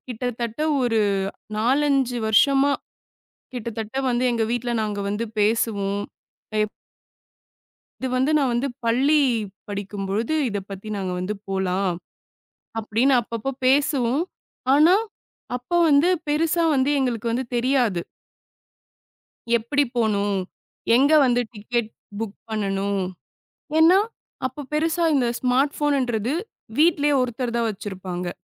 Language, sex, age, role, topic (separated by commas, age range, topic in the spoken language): Tamil, female, 25-29, guest, உங்களுக்கு மிகவும் நினைவில் நிற்கும் ஒரு ரயில் பயணத்தைப் பற்றி சொல்ல முடியுமா?